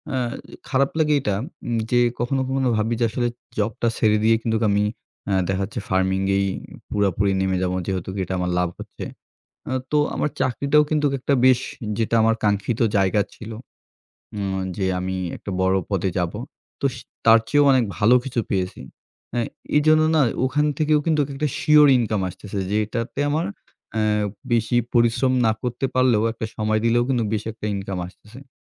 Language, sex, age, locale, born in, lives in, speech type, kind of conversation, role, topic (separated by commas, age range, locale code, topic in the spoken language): Bengali, male, 25-29, bn-BD, Bangladesh, Bangladesh, conversation, advice, user, কাজ ও পরিবারের মধ্যে সময়ের ভারসাম্য রাখতে আপনার কী সমস্যা হচ্ছে?